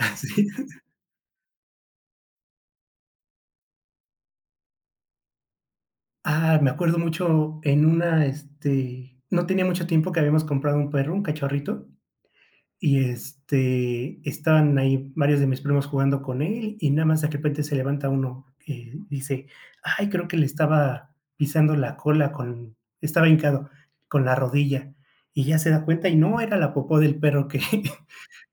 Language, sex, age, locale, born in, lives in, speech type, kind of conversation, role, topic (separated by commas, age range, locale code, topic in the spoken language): Spanish, male, 30-34, es-MX, Mexico, Mexico, conversation, podcast, guest, ¿Cómo celebraban las fiestas en tu familia cuando eras niño?